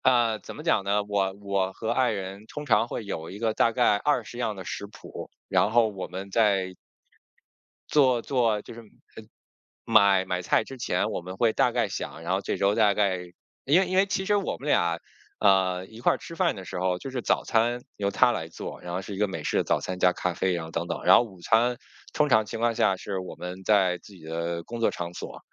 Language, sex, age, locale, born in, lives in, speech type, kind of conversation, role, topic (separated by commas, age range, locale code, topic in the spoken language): Chinese, male, 40-44, zh-CN, China, United States, conversation, podcast, guest, 你通常如何规划买菜和做饭？